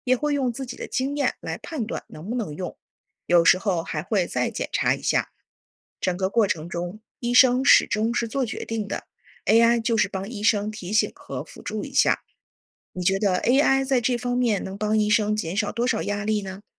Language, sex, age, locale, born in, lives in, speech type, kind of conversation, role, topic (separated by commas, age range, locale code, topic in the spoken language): Chinese, female, 40-44, zh-CN, China, United States, read, expert-assistant, expert, 人工智能在医疗诊断中的应用前景